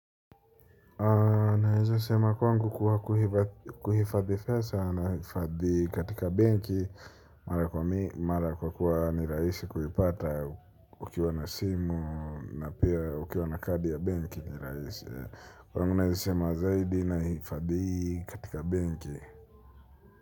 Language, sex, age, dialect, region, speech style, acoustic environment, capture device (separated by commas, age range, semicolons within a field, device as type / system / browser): Swahili, male, 25-34, Kenya - Nairobi Sheng-influenced, Kenya, relaxed, music, mobile / Linux / Chrome